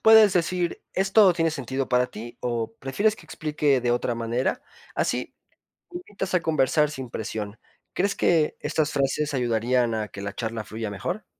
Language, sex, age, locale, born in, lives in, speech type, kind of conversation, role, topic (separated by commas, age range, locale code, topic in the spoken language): Spanish, male, 25-29, es-MX, Mexico, Mexico, read, expert-assistant, expert, ¿Cuál es la mejor forma de evitar malentendidos cuando usamos expresiones locales al hablar con personas de diferentes regiones?